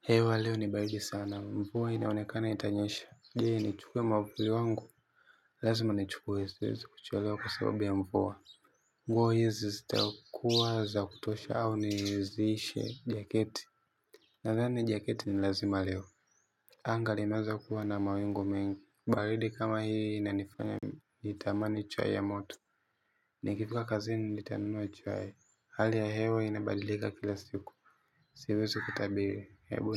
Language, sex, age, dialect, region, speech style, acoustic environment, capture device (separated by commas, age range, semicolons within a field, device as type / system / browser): Swahili, male, 18-24, Tanzania - Dar es Salaam, Tanzania, happy; relaxed; focused, silence, mobile / Linux / Chrome